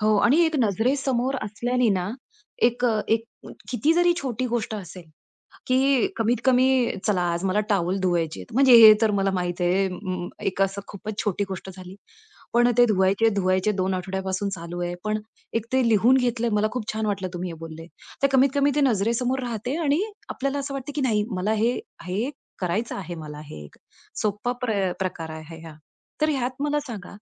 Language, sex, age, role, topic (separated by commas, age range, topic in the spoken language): Marathi, female, 35-39, host, ताण-तणावातून बाहेर पडण्यासाठी तुमची सर्वात सोपी युक्ती कोणती आहे?